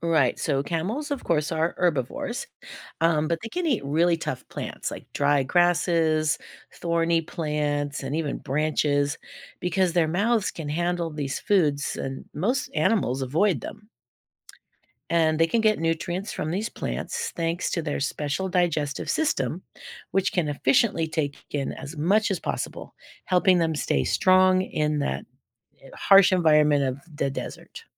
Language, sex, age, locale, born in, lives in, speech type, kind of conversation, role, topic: English, female, 60-64, en-US, United States, United States, read, expert-assistant, expert, How do camels survive in the desert?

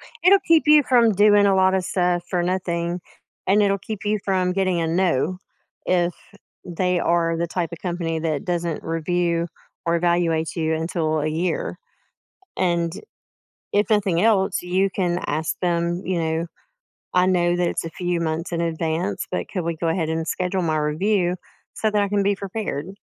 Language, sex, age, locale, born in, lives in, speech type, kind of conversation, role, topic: English, female, 45-49, en-US, United States, United States, conversation, advice, advisor, How should I prepare to ask for a raise?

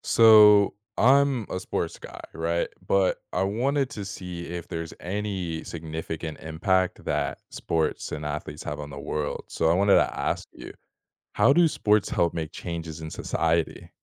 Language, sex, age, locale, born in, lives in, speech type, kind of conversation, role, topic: English, male, 18-19, en-US, United States, United States, read, expert-assistant, asker, How do sports influence social change?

